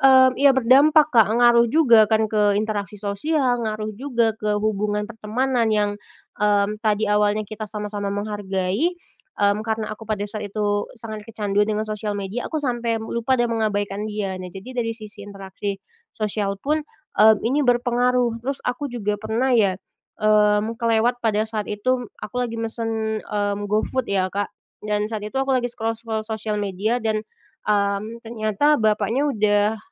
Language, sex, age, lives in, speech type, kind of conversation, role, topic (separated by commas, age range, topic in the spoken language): Indonesian, female, 25-29, Indonesia, conversation, podcast, guest, Pernahkah kamu merasa kecanduan aplikasi tertentu, dan apa ceritanya?